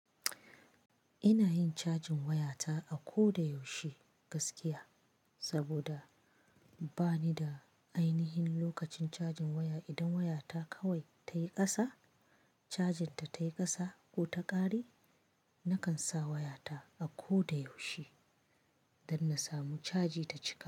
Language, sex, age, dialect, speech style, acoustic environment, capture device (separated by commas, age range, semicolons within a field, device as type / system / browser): Hausa, female, 25-34, Nigeria - Kano, happy; anxious; relaxed; excited; focused, nature; silence, mobile / Linux / Chrome